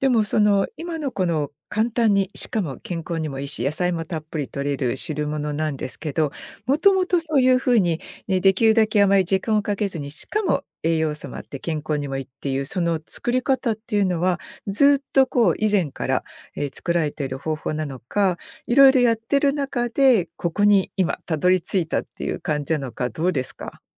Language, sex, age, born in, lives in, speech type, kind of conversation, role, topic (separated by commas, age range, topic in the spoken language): Japanese, female, 55-59, Japan, United States, conversation, podcast, host, 家で簡単に作れる健康的なメニューは何ですか？